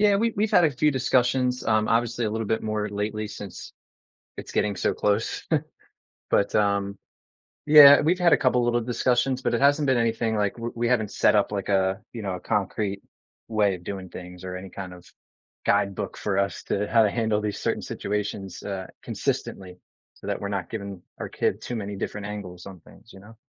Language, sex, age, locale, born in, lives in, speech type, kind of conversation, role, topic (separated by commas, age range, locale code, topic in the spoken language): English, male, 30-34, en-US, United States, United States, conversation, advice, user, How can I care for my newborn while managing overwhelm and getting enough rest?